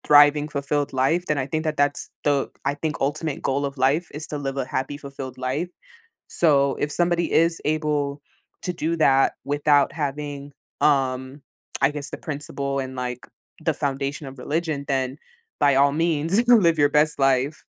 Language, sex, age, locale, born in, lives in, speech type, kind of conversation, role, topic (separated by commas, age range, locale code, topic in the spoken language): English, female, 20-24, en-US, United States, United States, conversation, podcast, guest, What factors shape the way you approach important life choices?